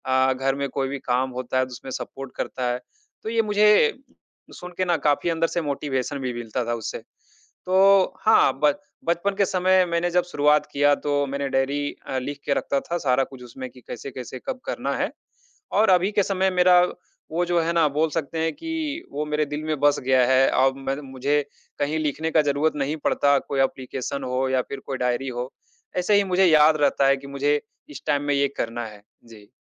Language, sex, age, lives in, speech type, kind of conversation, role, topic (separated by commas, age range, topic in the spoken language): Hindi, male, 30-34, India, conversation, podcast, guest, Time management ke sabse practical tips tum kya share karna chahoge?